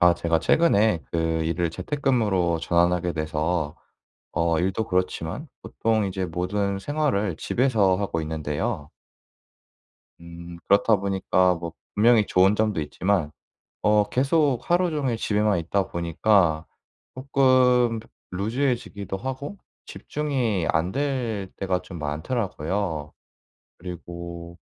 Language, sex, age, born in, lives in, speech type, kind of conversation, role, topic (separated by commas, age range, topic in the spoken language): Korean, male, 25-29, South Korea, South Korea, conversation, advice, user, 공유 공간에서 집중할 때 외부 방해를 최소화하려면 어떤 루틴을 만들면 좋을까요?